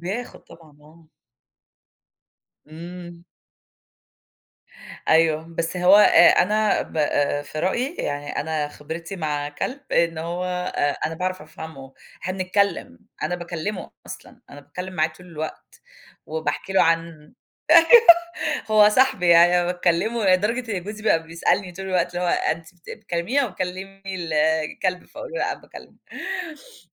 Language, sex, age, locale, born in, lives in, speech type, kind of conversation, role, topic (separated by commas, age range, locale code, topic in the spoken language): Arabic, female, 40-44, ar-EG, Egypt, United States, conversation, unstructured, none, إزاي نختار الحيوان الأليف المناسب للبيت؟